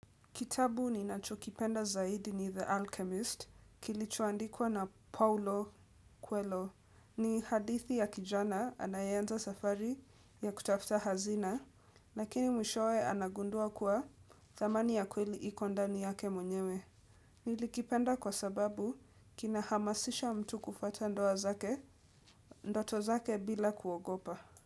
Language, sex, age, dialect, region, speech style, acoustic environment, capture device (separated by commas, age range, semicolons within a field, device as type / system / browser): Swahili, female, 18-24, Kenya - Nairobi Sheng-influenced, Kenya, relaxed, nature, desktop / Windows / Edge